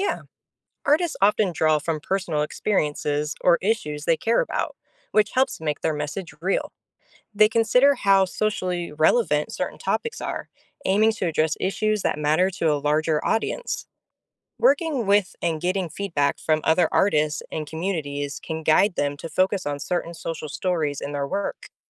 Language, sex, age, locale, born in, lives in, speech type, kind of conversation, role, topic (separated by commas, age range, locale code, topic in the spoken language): English, female, 30-34, en-US, United States, United States, read, expert-assistant, expert, How does art reflect and influence society?